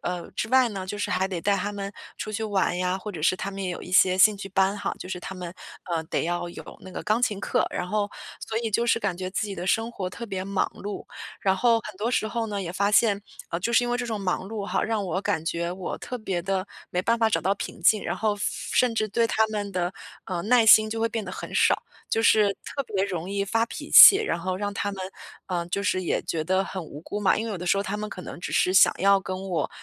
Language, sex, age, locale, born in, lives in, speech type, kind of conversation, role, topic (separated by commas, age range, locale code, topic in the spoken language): Chinese, female, 35-39, zh-CN, China, United States, conversation, advice, user, 在繁忙中如何找到内心的平静并保持专注？